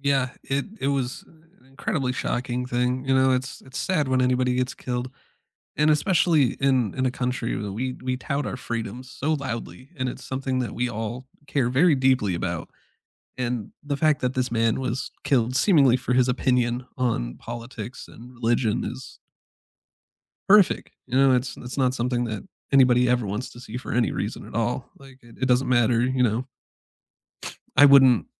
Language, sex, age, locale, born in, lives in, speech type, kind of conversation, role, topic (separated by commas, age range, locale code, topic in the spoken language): English, male, 35-39, en-US, United States, United States, conversation, unstructured, none, What political event surprised you?